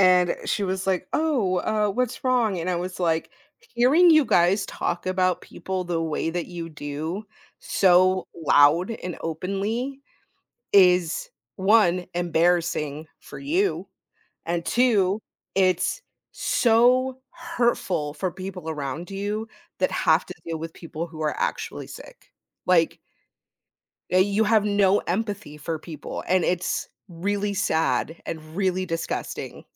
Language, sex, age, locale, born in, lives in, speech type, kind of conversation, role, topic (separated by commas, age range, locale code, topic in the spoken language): English, female, 30-34, en-US, United States, United States, conversation, podcast, guest, What motivates people to speak up for their values, even when it’s difficult?